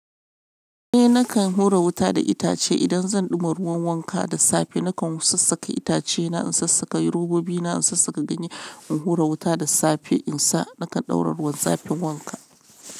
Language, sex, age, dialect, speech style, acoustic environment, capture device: Hausa, female, 35-44, Nigeria - Lagos Yoruba, happy, people talking, mobile / Linux / Chrome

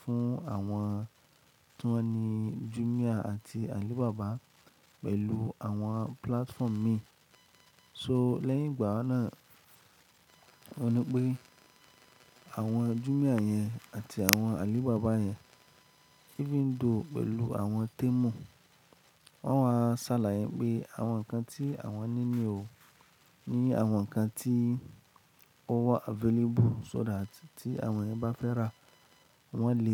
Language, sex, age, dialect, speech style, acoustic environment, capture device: Yoruba, male, 25-34, Nigeria - Lagos Yoruba, happy; excited, silence, mobile / Linux / Chrome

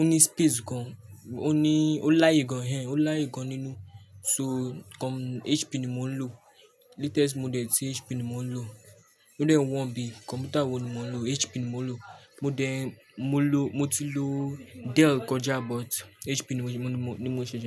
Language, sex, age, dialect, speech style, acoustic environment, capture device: Yoruba, male, 18-24, Nigeria - Lagos Yoruba, relaxed, people talking; appliances; nature, mobile / Linux / Chrome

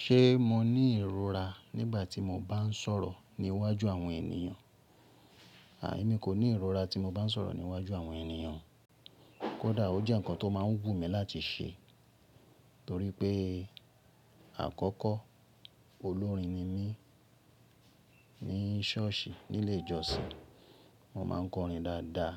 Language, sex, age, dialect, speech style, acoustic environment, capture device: Yoruba, male, 35-44, Nigeria - Ibadan, relaxed, appliances, mobile / Linux / Chrome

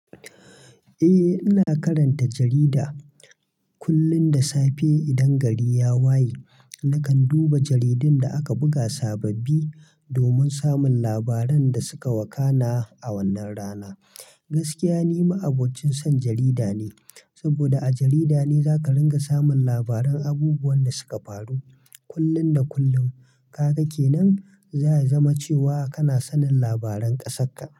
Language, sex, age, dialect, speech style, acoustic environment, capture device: Hausa, male, 25-34, Nigeria - Kano, happy; excited; focused, silence, mobile / Linux / Chrome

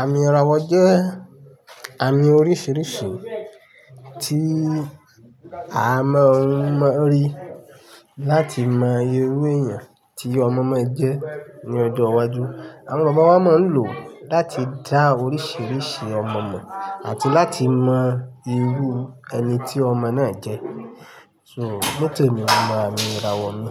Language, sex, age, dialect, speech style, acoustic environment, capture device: Yoruba, male, 25-34, Nigeria - Ibadan, happy, silence, mobile / Linux / Chrome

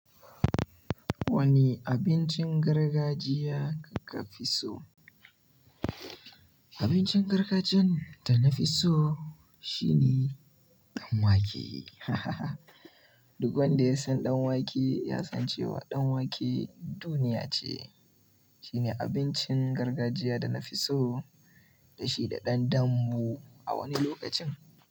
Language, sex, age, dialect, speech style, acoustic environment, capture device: Hausa, male, 18-24, Nigeria - Kano, happy; excited, people talking; silence, mobile / Linux / Chrome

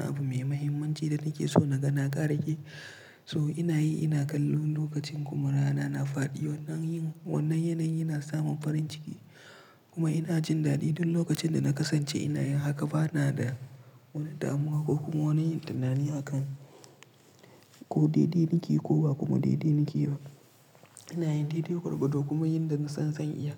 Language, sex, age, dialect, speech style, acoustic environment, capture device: Hausa, male, 25-34, Nigeria - Kano, relaxed; tired; stressed; focused, silence; nature, mobile / Linux / Chrome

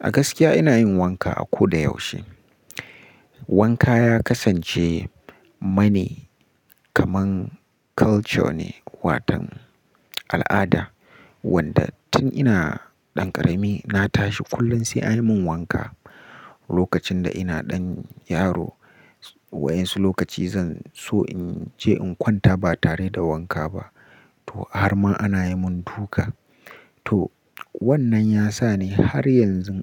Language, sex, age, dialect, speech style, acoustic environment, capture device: Hausa, male, 18-24, Nigeria - Nigerian Standard, relaxed; focused; happy, nature; appliances, mobile / Linux / Chrome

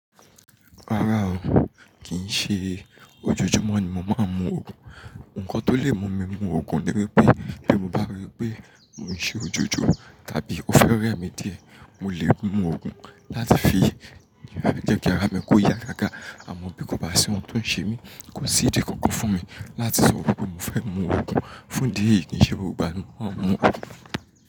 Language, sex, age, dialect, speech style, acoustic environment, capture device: Yoruba, male, 25-34, Nigeria - Nigerian Standard, happy, people talking, mobile / Linux / Chrome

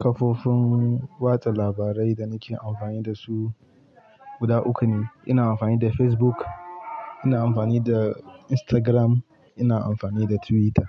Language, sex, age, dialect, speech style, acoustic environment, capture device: Hausa, male, 18-24, Nigeria - Pidgin-influenced, relaxed; focused, people talking, mobile / Linux / Chrome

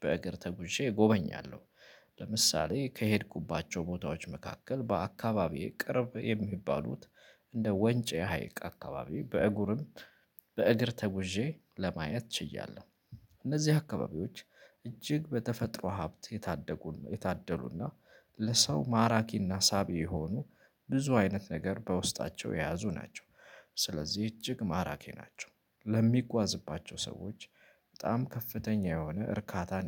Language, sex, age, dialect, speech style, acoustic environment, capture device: Amharic, male, 25-34, Ethiopia - Addis Ababa, focused, silence, mobile / Linux / Chrome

